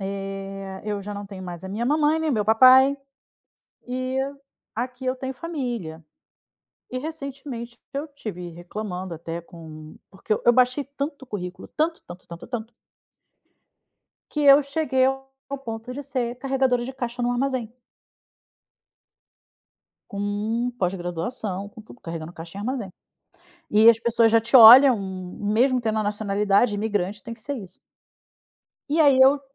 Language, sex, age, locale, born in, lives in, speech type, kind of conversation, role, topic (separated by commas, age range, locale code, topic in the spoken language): Portuguese, female, 40-44, pt-BR, Brazil, Spain, conversation, advice, user, Como você lida com a pressão da família para escolher uma carreira considerada respeitável?